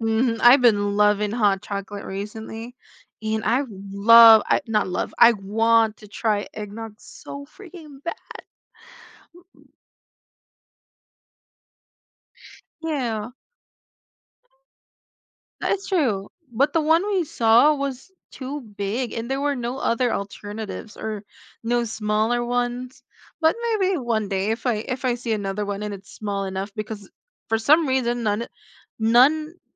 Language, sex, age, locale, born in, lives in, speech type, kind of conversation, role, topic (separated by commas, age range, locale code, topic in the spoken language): English, female, 20-24, en-US, Philippines, United States, conversation, unstructured, none, How do you decide which memories to keep and which to let fade?